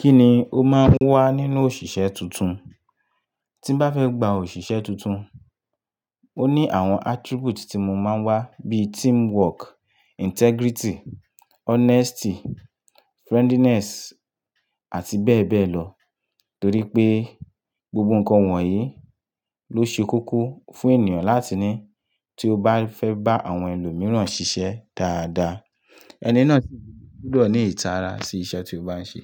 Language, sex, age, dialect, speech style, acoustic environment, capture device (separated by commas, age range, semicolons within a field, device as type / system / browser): Yoruba, male, 25-34, Nigeria - Lagos Yoruba, happy; relaxed, nature, mobile / Linux / Chrome